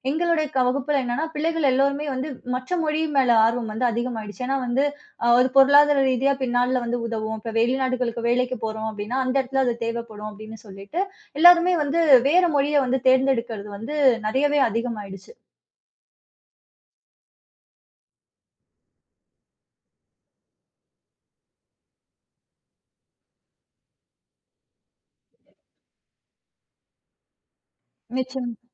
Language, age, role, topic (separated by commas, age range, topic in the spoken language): Tamil, 30-34, guest, உங்கள் தாய்மொழியை காப்பாற்ற நீங்கள் என்ன செய்தீர்கள்?